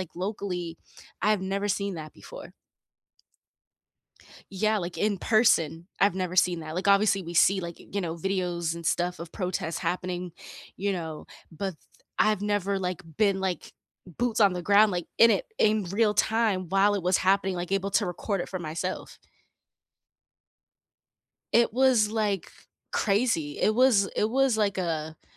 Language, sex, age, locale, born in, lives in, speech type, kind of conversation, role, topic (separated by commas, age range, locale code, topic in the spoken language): English, female, 30-34, en-US, United States, United States, conversation, unstructured, none, What can we learn from past mistakes in history?